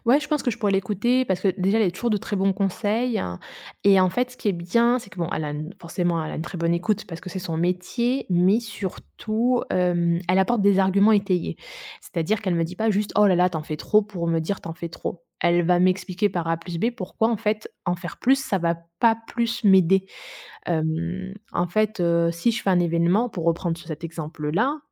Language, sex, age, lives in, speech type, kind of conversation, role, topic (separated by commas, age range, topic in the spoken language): French, female, 35-39, Germany, conversation, advice, user, Comment gères-tu le surmenage lié au fait de vouloir tout contrôler et de plaire aux autres ?